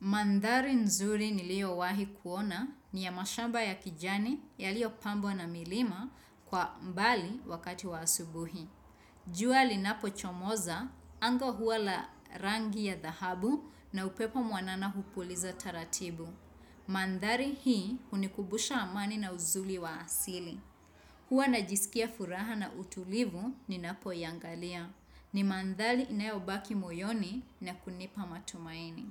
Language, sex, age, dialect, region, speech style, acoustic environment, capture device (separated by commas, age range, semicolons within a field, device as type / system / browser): Swahili, female, 25-34, Kenya - Nairobi Sheng-influenced, Kenya, excited; relaxed; focused, nature, desktop / Windows / Chrome